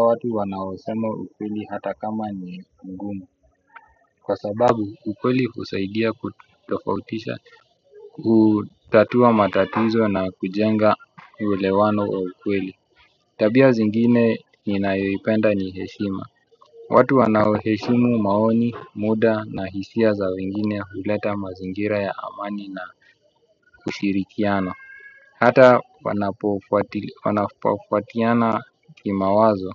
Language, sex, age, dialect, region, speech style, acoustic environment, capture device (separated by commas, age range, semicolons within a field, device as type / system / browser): Swahili, male, 25-34, Kenya - Nairobi Sheng-influenced, Kenya, excited; focused, people talking; music, desktop / Windows / Chrome